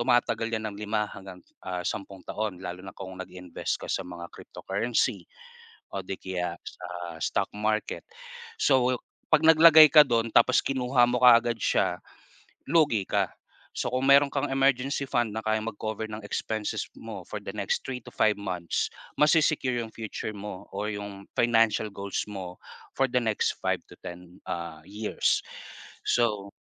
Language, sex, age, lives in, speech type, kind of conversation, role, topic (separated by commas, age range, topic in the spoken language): Filipino, male, 30-34, Philippines, conversation, unstructured, none, Bakit mahalaga sa’yo ang pagkakaroon ng pondong pang-emergency?